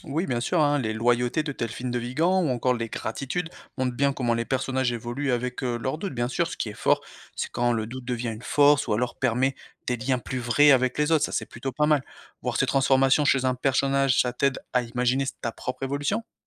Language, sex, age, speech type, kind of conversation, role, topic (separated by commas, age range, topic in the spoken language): French, male, 35-39, read, expert-assistant, expert, Y a-t-il un livre récent qui t’a aidé à mieux comprendre tes propres émotions ou relations ?